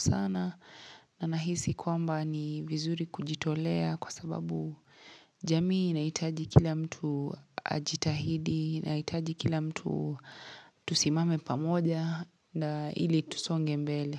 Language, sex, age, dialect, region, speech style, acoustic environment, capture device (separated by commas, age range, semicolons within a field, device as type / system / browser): Swahili, female, 25-34, Kenya - Mombasa, Kenya, happy; relaxed; excited; focused, silence, mobile / Linux / Chrome